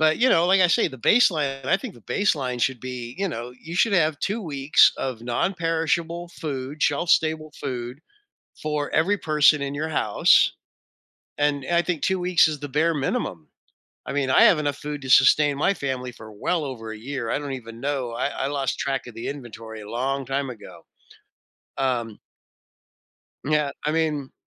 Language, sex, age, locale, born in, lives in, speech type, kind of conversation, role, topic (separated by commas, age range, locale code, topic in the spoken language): English, male, 60-64, en-US, United States, United States, conversation, podcast, guest, How has your hobby created meaningful or unforgettable moments in your life?